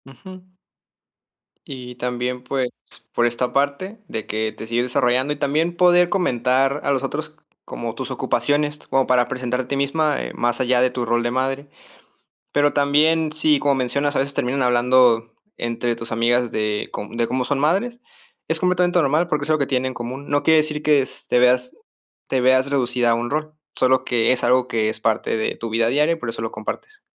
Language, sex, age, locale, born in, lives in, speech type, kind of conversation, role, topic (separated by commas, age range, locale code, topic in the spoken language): Spanish, male, 20-24, es-MX, Mexico, Mexico, conversation, advice, advisor, ¿Cómo puedo mantener mi identidad personal mientras asumo nuevas responsabilidades familiares?